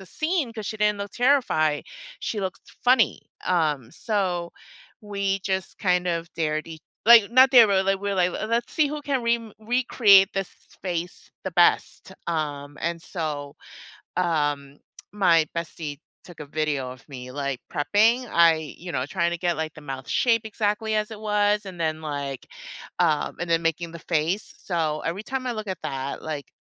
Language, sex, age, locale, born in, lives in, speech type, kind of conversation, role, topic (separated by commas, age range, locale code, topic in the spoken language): English, female, 45-49, en-US, United States, United States, conversation, unstructured, none, Which photo on your phone has a good story behind it?